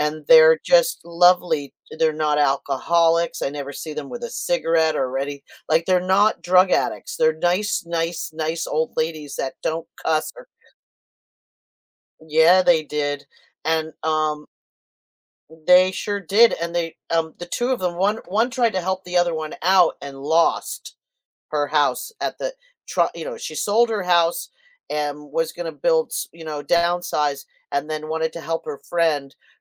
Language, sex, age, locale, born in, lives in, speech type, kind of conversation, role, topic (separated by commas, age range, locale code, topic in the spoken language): English, female, 60-64, en-US, United States, United States, conversation, unstructured, none, How do shared interests help build stronger friendships?